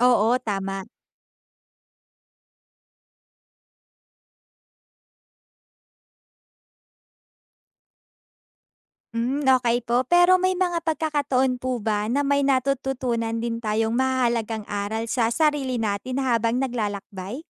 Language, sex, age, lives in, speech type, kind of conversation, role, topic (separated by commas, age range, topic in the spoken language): Filipino, female, 20-24, Philippines, read, expert-assistant, asker, Paano nakakatulong ang paglalakbay sa paglawak ng pananaw ng tao?